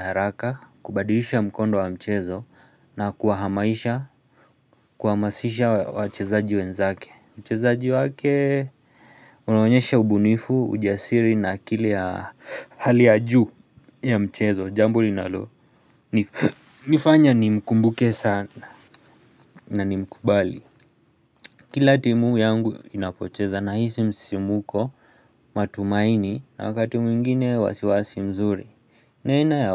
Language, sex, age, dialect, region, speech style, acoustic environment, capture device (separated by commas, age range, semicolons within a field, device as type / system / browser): Swahili, male, 25-34, Kenya - Nairobi Sheng-influenced, Kenya, relaxed, silence, mobile / Linux / Chrome